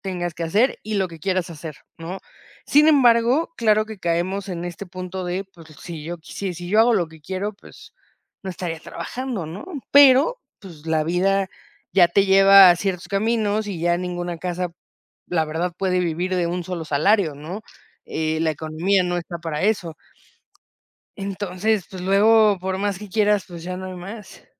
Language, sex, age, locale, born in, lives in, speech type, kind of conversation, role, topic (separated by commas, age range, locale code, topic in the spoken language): Spanish, female, 30-34, es-MX, Mexico, Mexico, conversation, podcast, guest, ¿Cómo eliges entre la estabilidad y seguir tus pasiones?